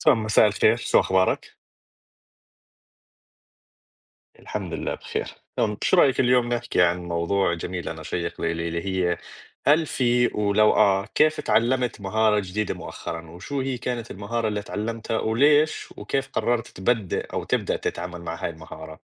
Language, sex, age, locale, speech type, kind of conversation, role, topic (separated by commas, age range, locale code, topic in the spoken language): Arabic, male, 20-24, ar-JO, conversation, unstructured, none, إنت إزاي اتعلمت مهارة جديدة الفترة اللي فاتت؟